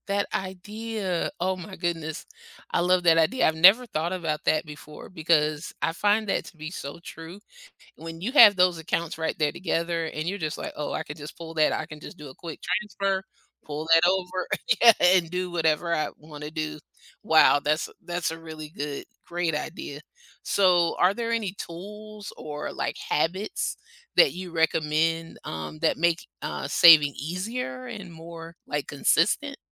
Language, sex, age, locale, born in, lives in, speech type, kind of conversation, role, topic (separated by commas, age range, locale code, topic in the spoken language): English, female, 50-54, en-US, United States, United States, conversation, podcast, host, What are some practical steps to build a consistent saving habit?